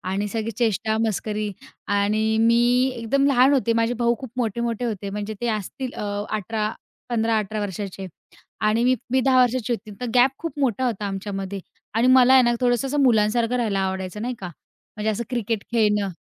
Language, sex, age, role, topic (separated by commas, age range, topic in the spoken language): Marathi, female, 20-24, guest, लहानपणाची कोणती आठवण आजही ताजी आहे?